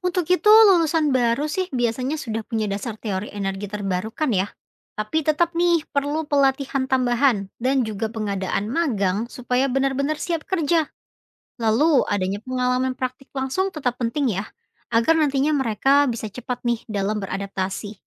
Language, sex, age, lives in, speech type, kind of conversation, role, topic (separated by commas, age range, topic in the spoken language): Indonesian, female, 25-29, Indonesia, read, expert-assistant, expert, Bagaimana jika semua energi di bumi hanya boleh berasal dari sumber terbarukan? Apa saja tantangan dan peluang yang mungkin terjadi dalam skala global?